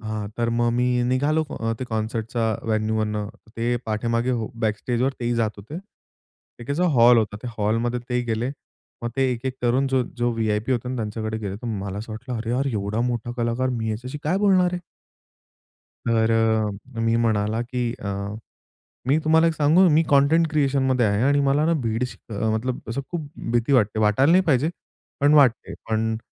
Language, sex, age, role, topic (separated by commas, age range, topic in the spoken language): Marathi, male, 25-29, guest, तुमच्या पहिल्या सजीव संगीत कार्यक्रमाची आठवण कशी आहे?